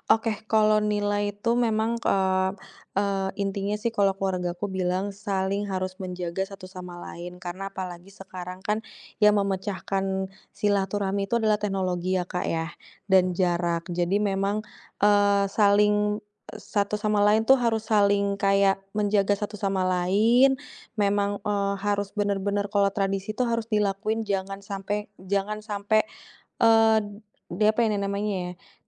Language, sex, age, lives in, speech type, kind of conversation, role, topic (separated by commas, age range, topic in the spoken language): Indonesian, female, 30-34, Indonesia, conversation, podcast, guest, Warisan budaya keluarga apa yang paling berkesan untuk kamu?